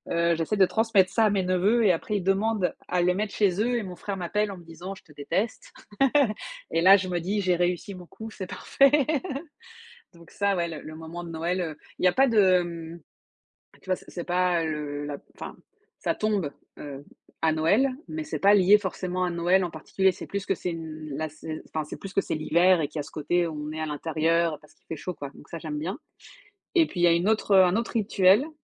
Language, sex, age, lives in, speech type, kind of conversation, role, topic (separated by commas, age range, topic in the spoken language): French, female, 35-39, France, conversation, unstructured, none, Quelle est ta façon préférée de passer du temps en famille ?